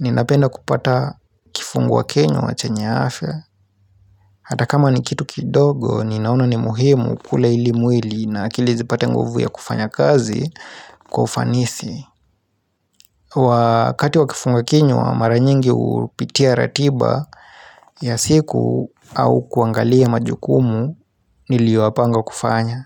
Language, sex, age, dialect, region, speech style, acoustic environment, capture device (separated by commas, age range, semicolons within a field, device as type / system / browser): Swahili, male, 25-34, Kenya - Nairobi Sheng-influenced, Kenya, relaxed, silence, mobile / Linux / Chrome